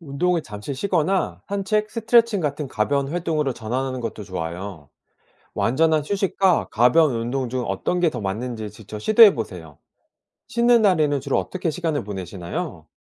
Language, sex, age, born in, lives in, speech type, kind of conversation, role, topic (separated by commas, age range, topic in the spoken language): Korean, male, 40-44, South Korea, South Korea, read, expert-assistant, expert, 근력운동을 꾸준히 하고 있는데 최근 들어 근력이 더 이상 늘지 않는 것 같습니다. 원인이 무엇일 수 있고, 어떻게 진단할 수 있을까요?